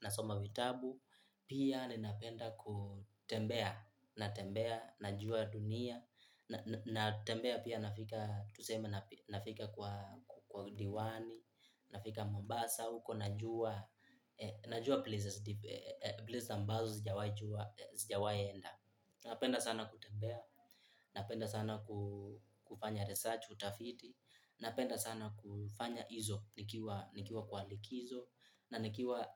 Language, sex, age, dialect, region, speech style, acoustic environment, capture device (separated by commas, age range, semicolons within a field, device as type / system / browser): Swahili, male, 18-24, Kenya - Nairobi Sheng-influenced, Kenya, happy, people talking, mobile / Linux / Chrome